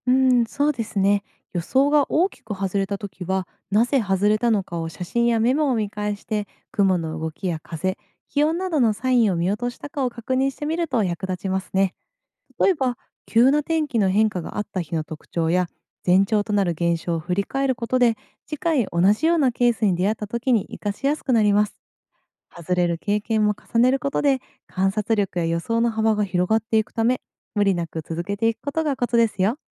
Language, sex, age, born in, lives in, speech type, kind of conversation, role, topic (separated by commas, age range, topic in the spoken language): Japanese, female, 30-34, Japan, Japan, read, expert-assistant, expert, 日常生活で天気を予測するコツは何ですか？